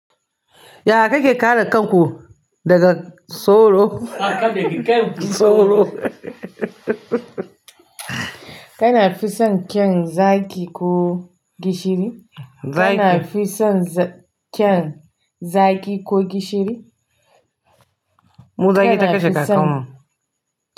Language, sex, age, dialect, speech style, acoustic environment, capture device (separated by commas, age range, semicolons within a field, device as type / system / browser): Hausa, female, 45-54, Nigeria - Kano, happy; relaxed; excited; focused, nature, mobile / Linux / Chrome